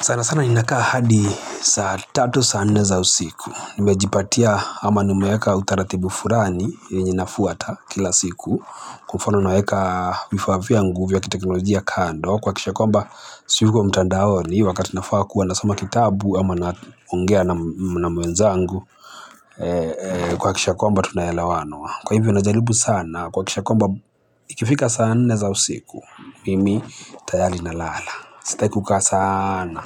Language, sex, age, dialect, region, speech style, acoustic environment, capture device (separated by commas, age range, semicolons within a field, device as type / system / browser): Swahili, male, 25-34, Kenya - Mombasa, Kenya, happy; nervous; excited, people talking; traffic; construction, mobile / Linux / Chrome